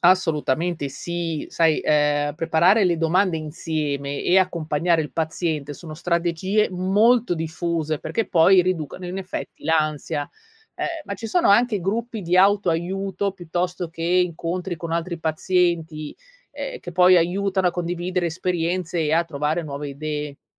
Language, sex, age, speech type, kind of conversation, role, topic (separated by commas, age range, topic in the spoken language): Italian, female, 35-39, read, expert-assistant, expert, Qual è il modo migliore per aiutare i pazienti a ricordare le indicazioni del medico?